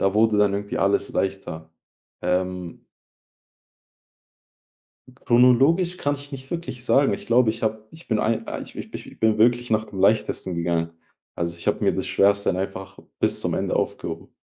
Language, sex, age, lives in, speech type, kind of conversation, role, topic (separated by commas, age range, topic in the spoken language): German, male, 20-24, Germany, conversation, podcast, guest, Wie motivierst du dich an zähen Tagen, weiterzumachen?